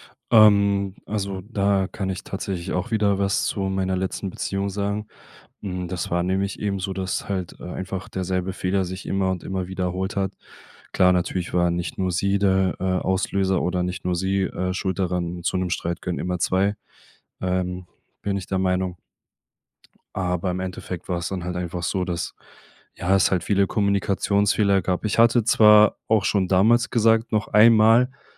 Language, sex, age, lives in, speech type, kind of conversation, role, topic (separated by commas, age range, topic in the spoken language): German, male, 25-29, Germany, conversation, podcast, guest, Wie versöhnst du dich mit jemandem, der dich enttäuscht hat?